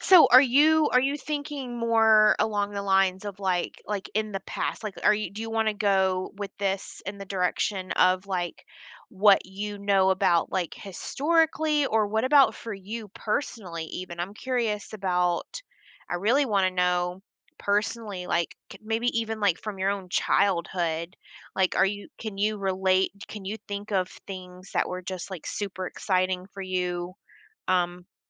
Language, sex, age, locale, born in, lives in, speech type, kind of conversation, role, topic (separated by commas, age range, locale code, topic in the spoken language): English, female, 35-39, en-US, United States, United States, conversation, podcast, host, How do food, memories, and atmosphere come together to create a sense of comfort in a meal?